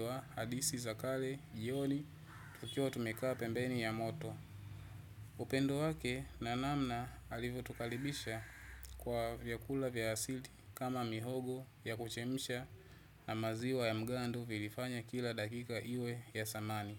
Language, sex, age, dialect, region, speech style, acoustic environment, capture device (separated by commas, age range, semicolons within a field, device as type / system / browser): Swahili, male, 25-34, Tanzania - Dar es Salaam, Tanzania, relaxed, people talking; traffic; nature, desktop / Windows / Chrome